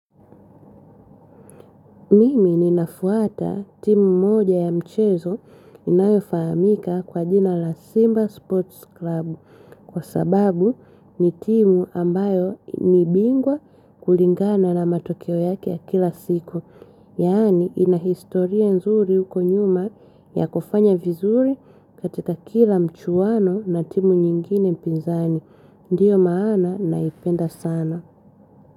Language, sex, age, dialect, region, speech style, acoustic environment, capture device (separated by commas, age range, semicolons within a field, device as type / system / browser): Swahili, female, 25-34, Tanzania - Dar es Salaam, Tanzania, happy, silence, mobile / Linux / Chrome